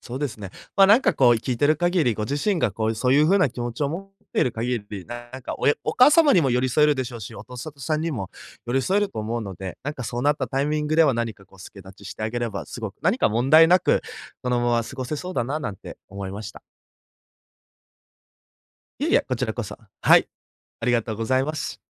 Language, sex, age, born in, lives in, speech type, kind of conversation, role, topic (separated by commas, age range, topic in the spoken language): Japanese, male, 20-24, Japan, Japan, conversation, advice, advisor, 遺産やお金の分配で兄弟姉妹ともめている状況